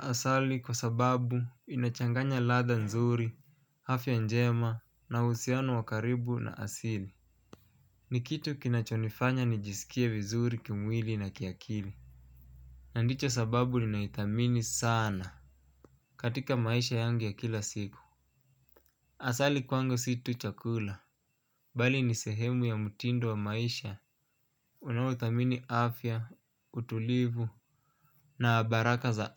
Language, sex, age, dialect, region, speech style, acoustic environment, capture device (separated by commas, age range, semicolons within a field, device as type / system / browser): Swahili, male, 25-34, Kenya - Mombasa, Kenya, relaxed; focused, nature, mobile / Linux / Chrome